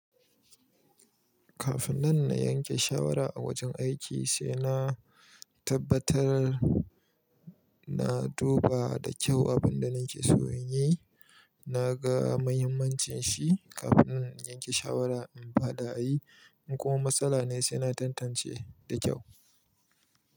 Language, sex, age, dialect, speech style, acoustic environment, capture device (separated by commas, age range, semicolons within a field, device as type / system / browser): Hausa, male, 25-34, Nigeria - Kano, happy, people talking, mobile / Linux / Chrome